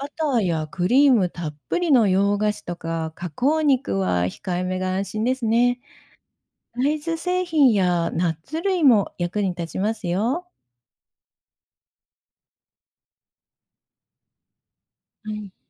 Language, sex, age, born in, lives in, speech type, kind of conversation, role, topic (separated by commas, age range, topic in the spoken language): Japanese, female, 55-59, Japan, Japan, read, expert-assistant, expert, 健康診断の結果が毎回違うのですが、よくある原因は何でしょうか？